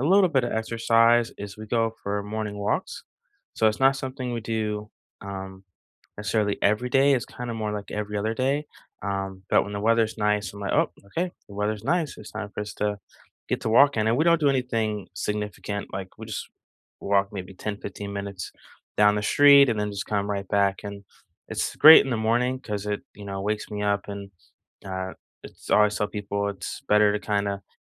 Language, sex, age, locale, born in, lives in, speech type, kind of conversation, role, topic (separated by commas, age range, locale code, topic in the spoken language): English, male, 40-44, en-US, United States, United States, conversation, unstructured, none, Which small traditions or everyday rituals have deepened your relationships, how did they start, and how can you create more?